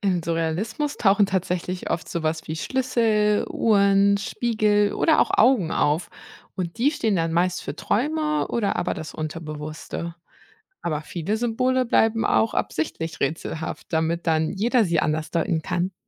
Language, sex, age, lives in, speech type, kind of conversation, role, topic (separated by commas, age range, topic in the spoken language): German, female, 30-34, Germany, read, expert-assistant, expert, Warum wirkt Kunst auf jeden Menschen unterschiedlich?